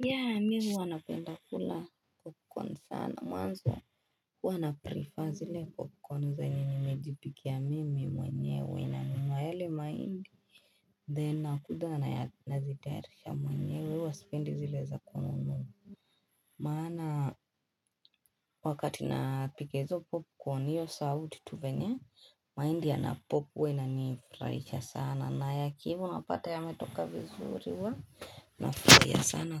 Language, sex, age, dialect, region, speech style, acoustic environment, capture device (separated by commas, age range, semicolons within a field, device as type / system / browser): Swahili, female, 18-24, Kenya - Nairobi Sheng-influenced, Kenya, excited, people talking, desktop / Linux / Chrome